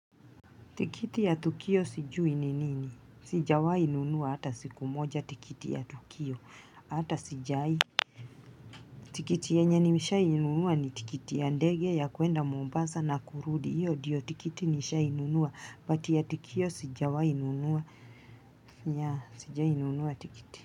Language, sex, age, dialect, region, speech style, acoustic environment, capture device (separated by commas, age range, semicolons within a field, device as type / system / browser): Swahili, female, 35-44, Kenya - Mombasa, Kenya, happy, people talking, mobile / Linux / Chrome